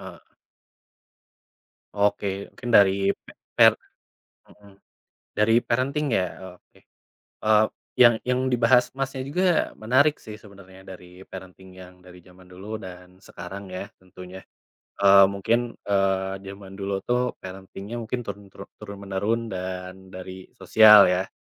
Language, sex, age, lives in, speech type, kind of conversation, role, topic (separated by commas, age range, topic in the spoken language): Indonesian, male, 25-29, Indonesia, conversation, unstructured, none, Apa yang membuatmu sedih melihat perubahan budaya di zaman sekarang?